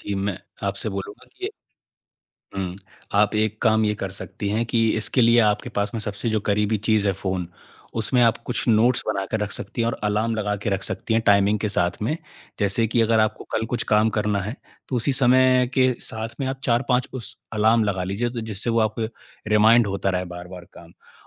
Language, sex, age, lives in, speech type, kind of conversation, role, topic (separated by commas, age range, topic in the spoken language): Hindi, male, 25-29, India, conversation, advice, advisor, मैं बार-बार टालमटोल क्यों करता/करती हूँ, जिससे मेरे महत्वपूर्ण काम टल जाते हैं?